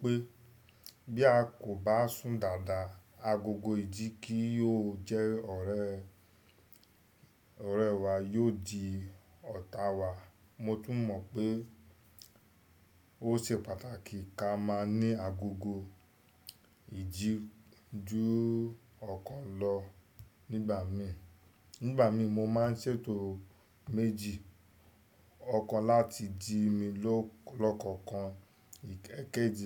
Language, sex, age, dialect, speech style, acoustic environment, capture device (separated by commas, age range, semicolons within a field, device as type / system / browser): Yoruba, male, 25-34, Nigeria - Lagos Yoruba, excited, nature, desktop / Windows / Chrome